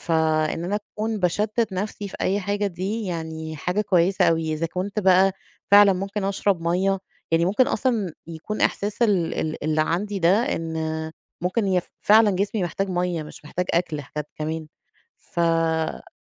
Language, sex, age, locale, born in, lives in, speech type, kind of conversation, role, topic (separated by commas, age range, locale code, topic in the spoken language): Arabic, female, 35-39, ar-EG, Egypt, Egypt, conversation, advice, user, إزاي كانت تجربتك مع الأكل الكتير وقت التوتر والضيق؟